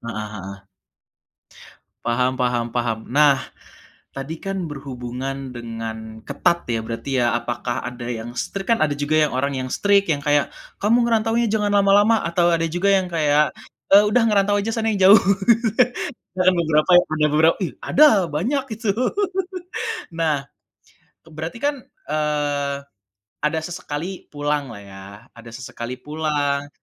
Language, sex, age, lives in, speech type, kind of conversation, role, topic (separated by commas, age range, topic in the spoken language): Indonesian, male, 25-29, Indonesia, conversation, podcast, host, Bagaimana kamu menyeimbangkan keluarga dan karier?